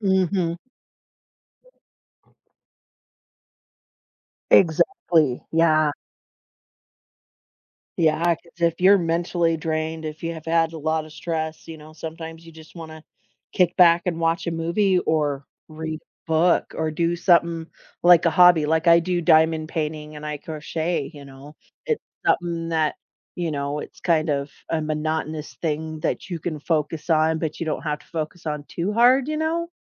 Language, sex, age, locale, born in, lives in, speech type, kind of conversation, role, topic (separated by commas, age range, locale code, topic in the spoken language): English, female, 40-44, en-US, United States, United States, conversation, unstructured, none, What are some ways people find comfort and unwind after a busy day?